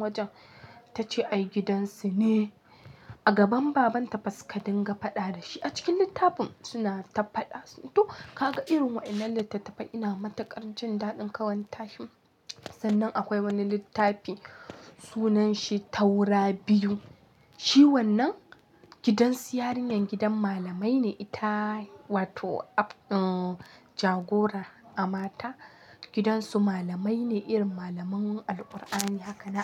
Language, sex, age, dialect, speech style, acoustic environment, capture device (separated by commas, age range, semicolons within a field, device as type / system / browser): Hausa, female, 18-24, Nigeria - Kano, happy, nature, mobile / Linux / Chrome